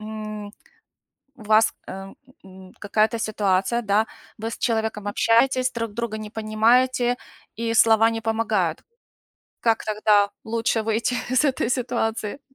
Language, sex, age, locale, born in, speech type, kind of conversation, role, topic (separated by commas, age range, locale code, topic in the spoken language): Russian, female, 45-49, ru-UA, Ukraine, conversation, unstructured, none, Как ты выражаешь свои мысли и чувства?